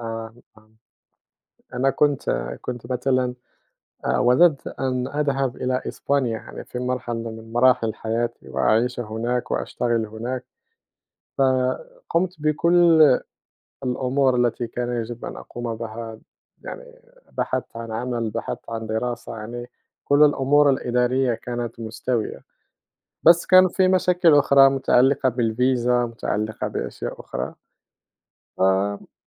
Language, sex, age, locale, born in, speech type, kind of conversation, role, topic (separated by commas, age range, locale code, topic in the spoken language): Arabic, male, 30-34, ar-MA, Morocco, conversation, unstructured, none, إزاي تفضل محافظ على حماسك عشان تحقق أهدافك؟